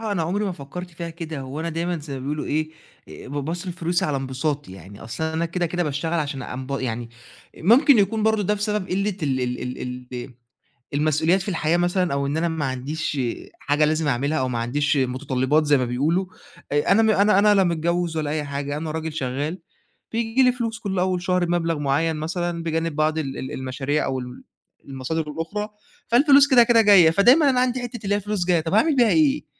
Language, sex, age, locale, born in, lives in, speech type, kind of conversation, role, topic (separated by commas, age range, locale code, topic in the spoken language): Arabic, male, 20-24, ar-EG, Egypt, Egypt, conversation, advice, user, إزاي أوازن بين متع النهارده والادخار علشان أمان مالي في المستقبل؟